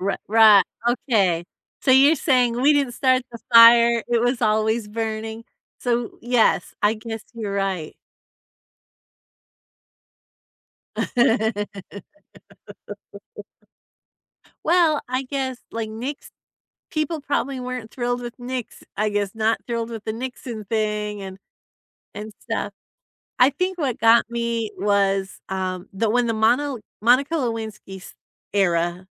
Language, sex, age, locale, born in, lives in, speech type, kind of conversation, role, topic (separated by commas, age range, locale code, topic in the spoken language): English, female, 50-54, en-US, United States, United States, conversation, unstructured, none, How do you feel when politicians avoid answering tough questions?